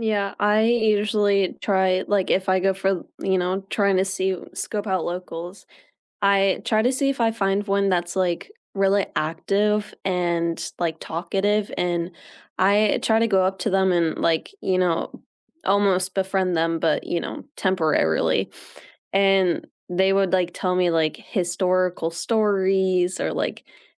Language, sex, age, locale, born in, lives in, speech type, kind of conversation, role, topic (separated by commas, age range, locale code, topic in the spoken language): English, female, 18-19, en-US, United States, United States, conversation, unstructured, none, When you arrive somewhere new, what do you look for first, and why does it matter to you?